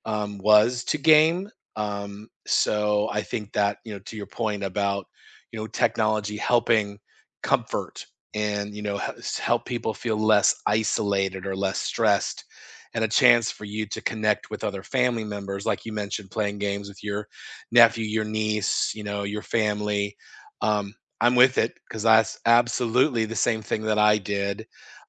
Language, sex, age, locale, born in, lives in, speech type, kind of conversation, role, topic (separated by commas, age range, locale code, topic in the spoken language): English, male, 50-54, en-US, United States, United States, conversation, unstructured, none, What is a surprising way technology has helped people during tough times?